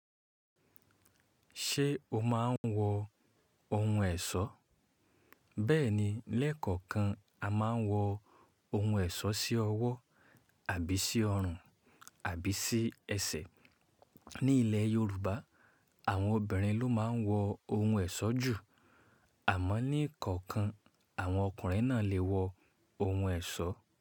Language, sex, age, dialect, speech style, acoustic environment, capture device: Yoruba, male, 25-34, Nigeria - Ibadan, relaxed, silence, mobile / Linux / Chrome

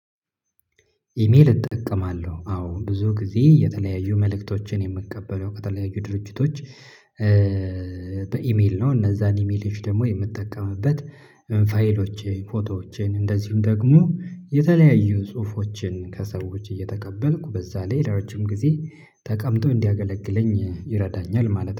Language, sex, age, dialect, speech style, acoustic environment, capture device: Amharic, male, 25-34, Ethiopia - Addis Ababa, happy, silence, mobile / Linux / Chrome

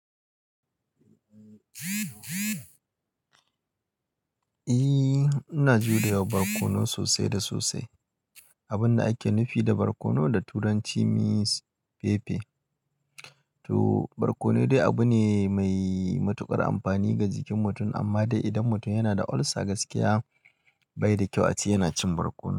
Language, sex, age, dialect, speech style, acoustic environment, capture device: Hausa, male, 25-34, Nigeria - Kano, happy, people talking, mobile / Linux / Chrome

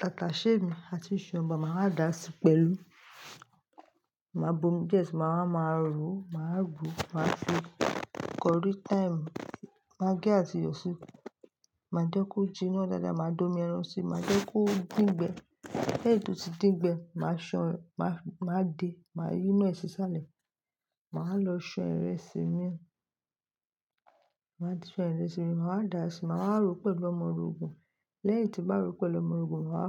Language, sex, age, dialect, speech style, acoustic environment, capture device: Yoruba, female, 25-34, Nigeria - Lagos Yoruba, focused, silence, mobile / Linux / Chrome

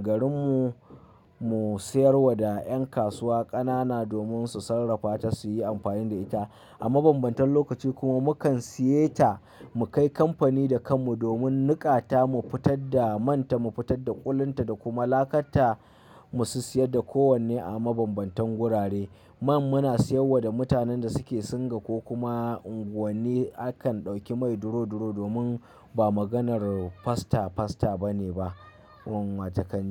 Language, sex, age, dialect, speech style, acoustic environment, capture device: Hausa, male, 25-34, Nigeria - Kano, happy, nature, mobile / Linux / Chrome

